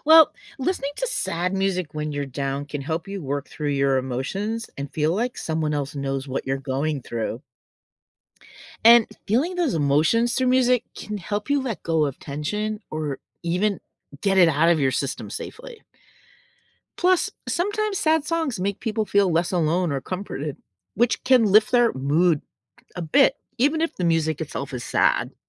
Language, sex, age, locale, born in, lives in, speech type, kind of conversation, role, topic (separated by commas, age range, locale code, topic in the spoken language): English, female, 60-64, en-US, United States, United States, read, expert-assistant, expert, How does music influence our emotions?